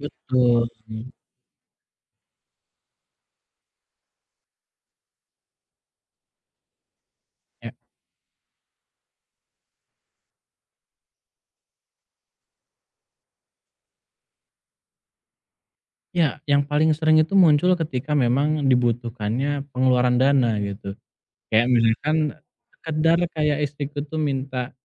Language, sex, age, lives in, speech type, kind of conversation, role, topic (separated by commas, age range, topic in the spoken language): Indonesian, male, 30-34, Indonesia, conversation, advice, user, Bagaimana cara mengelola kecemasan dan ketidakpastian sehari-hari?